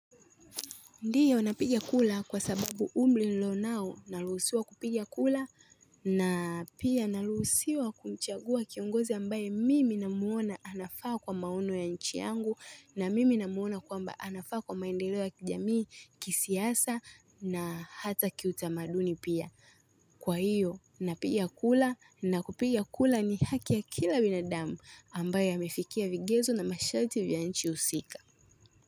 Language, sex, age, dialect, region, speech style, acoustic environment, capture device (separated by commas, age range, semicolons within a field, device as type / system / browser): Swahili, female, 18-24, Tanzania - Dar es Salaam, Tanzania, relaxed, people talking, mobile / Linux / Chrome